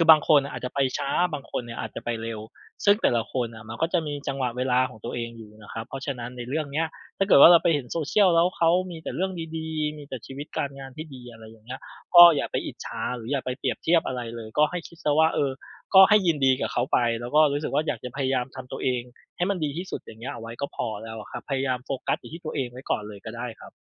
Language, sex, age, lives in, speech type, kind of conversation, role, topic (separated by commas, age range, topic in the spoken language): Thai, male, 35-39, Thailand, conversation, advice, advisor, ทำอย่างไรเมื่อเผลอเปรียบเทียบตัวเองกับคนอื่นแล้วรู้สึกท้อใจ?